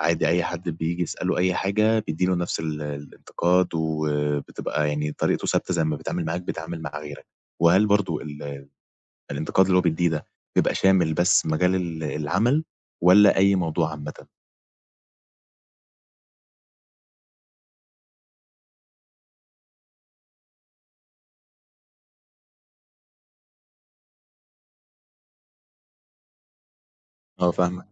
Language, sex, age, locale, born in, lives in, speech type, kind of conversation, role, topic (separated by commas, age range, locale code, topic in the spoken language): Arabic, male, 20-24, ar-EG, Egypt, Egypt, conversation, advice, advisor, إمتى حسّيت إن اللي بينتقد شغلك الإبداعي كان ظالم ومش منصف؟